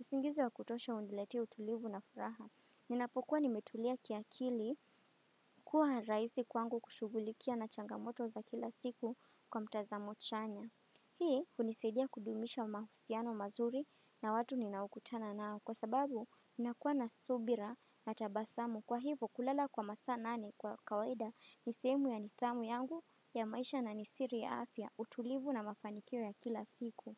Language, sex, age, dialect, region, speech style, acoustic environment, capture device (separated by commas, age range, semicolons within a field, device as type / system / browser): Swahili, female, 18-24, Kenya - Mombasa, Kenya, happy, nature, desktop / Windows / Firefox